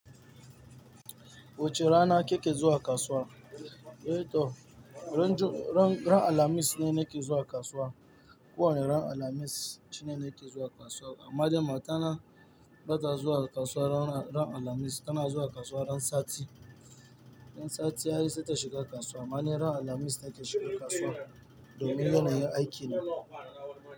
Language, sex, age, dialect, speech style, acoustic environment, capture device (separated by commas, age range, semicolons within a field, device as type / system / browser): Hausa, male, 18-24, Nigeria - Lagos Yoruba, nervous; tired, nature, mobile / Linux / Chrome